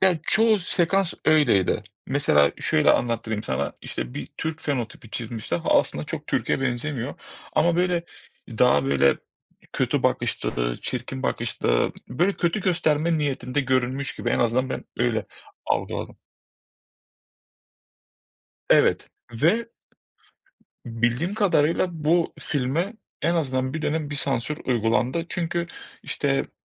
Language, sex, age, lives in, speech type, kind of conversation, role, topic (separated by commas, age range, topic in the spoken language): Turkish, male, 30-34, Greece, conversation, unstructured, none, Sanat eserlerine sansür uygulanmalı mı?